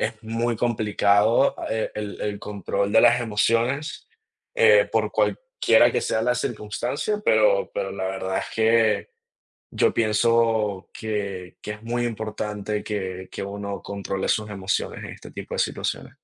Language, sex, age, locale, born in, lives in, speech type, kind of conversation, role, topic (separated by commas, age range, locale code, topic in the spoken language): Spanish, male, 20-24, es-VE, Venezuela, United States, conversation, unstructured, none, ¿Cómo te preparas para enfrentar gastos inesperados?